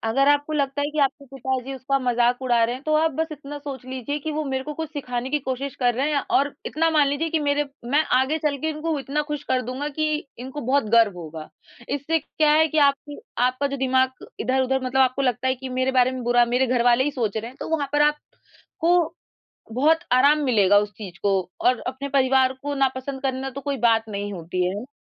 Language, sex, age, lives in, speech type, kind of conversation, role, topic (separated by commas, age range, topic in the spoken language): Hindi, female, 20-24, India, conversation, advice, advisor, क्या आप लोगों की आलोचना के डर से अपनी बात नहीं रख पाते हैं?